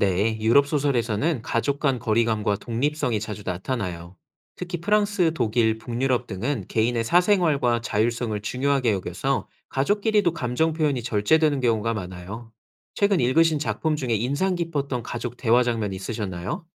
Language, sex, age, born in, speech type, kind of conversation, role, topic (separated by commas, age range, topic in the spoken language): Korean, male, 30-34, South Korea, read, expert-assistant, expert, 서로 다른 나라의 소설을 비교해 읽을 때, 우리가 얻는 새로운 시각과 어려움은 무엇일까요?